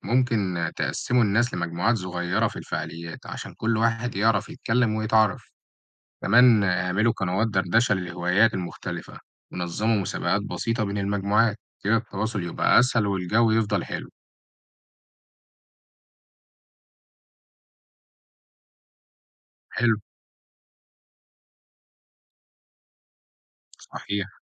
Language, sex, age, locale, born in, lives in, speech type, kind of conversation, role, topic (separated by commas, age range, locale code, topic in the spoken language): Arabic, male, 18-19, ar-EG, Egypt, Egypt, read, expert-assistant, expert, إزاي أرتب وأدير مجموعة جيمرز أونلاين، خصوصًا في الألعاب اللي تعتمد على التعاون زي ألعاب التيمز أو الكلانز؟